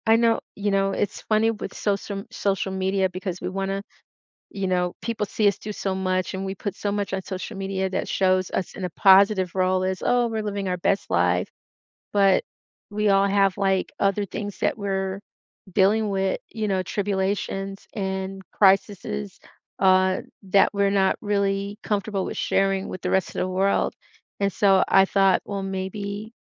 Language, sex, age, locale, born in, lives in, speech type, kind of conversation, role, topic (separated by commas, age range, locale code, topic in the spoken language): English, female, 55-59, en-US, United States, United States, conversation, advice, user, How can I reconnect with an old friend after a surprise reunion?